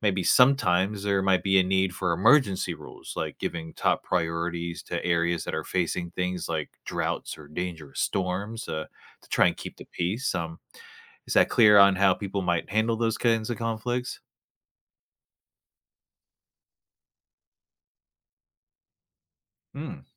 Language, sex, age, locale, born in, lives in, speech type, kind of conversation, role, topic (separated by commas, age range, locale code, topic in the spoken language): English, male, 30-34, en-US, United States, United States, read, expert-assistant, expert, How would the world change if everyone could control the weather for a day?